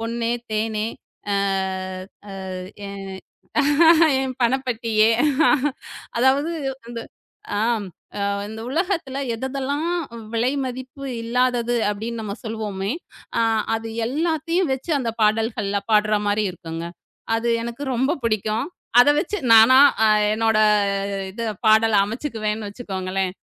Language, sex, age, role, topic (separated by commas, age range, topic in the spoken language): Tamil, female, 35-39, guest, நாட்டுப்புற இசை உங்கள் நெஞ்சில் என்ன உணர்வுகளை உருவாக்குகிறது?